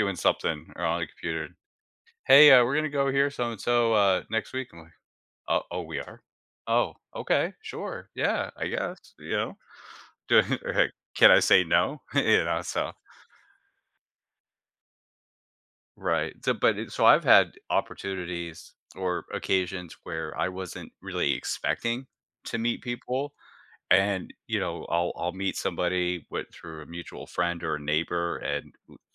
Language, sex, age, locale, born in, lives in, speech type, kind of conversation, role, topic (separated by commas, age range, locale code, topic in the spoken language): English, male, 40-44, en-US, United States, United States, conversation, podcast, host, What everyday actions help neighbors build stronger connections and a sense of belonging?